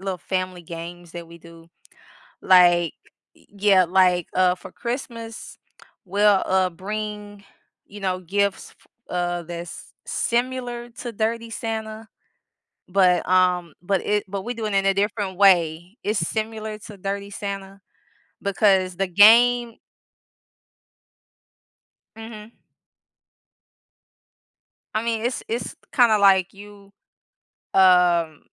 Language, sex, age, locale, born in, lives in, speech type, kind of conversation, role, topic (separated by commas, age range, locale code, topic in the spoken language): English, female, 35-39, en-US, United States, United States, conversation, unstructured, none, What makes a celebration meaningful to you when spending time with others?